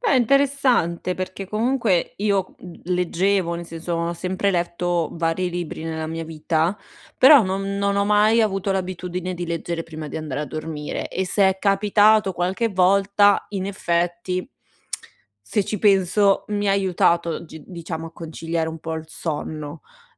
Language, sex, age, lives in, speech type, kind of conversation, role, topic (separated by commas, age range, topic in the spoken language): Italian, female, 60-64, Italy, conversation, advice, user, Come posso creare una routine della buonanotte coerente ogni sera?